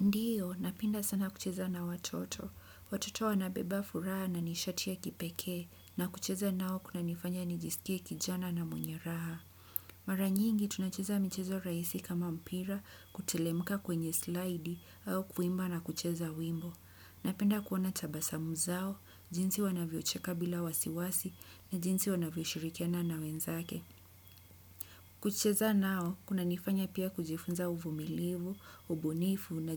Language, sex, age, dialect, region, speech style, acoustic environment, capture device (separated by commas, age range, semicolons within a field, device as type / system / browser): Swahili, female, 25-34, Kenya - Mombasa, Kenya, happy, nature; people talking; construction; appliances; music; silence; traffic, desktop / Windows / Chrome